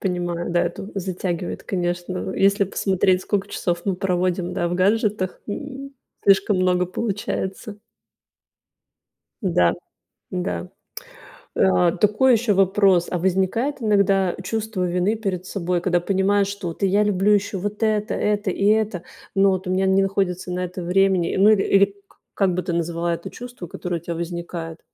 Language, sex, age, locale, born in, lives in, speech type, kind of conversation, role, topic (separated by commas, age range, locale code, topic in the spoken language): Russian, female, 35-39, ru-RU, Russia, Italy, conversation, podcast, host, Как ты организуешь своё время, чтобы находить его на хобби?